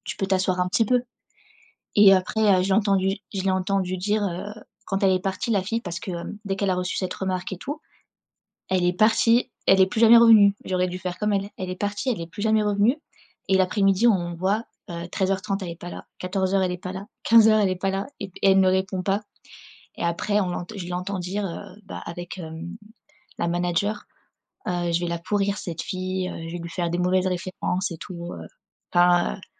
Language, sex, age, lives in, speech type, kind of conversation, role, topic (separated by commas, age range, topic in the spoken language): French, female, 25-29, France, conversation, advice, user, Comment réagir face aux critiques constantes d’un collègue ?